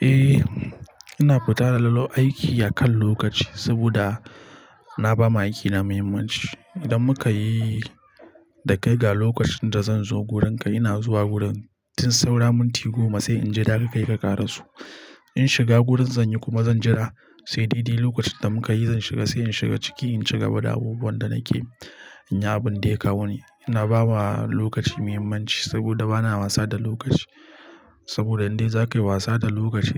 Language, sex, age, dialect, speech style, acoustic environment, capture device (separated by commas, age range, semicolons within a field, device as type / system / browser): Hausa, male, 25-34, Nigeria - Nigerian Standard, happy, silence, mobile / Linux / Chrome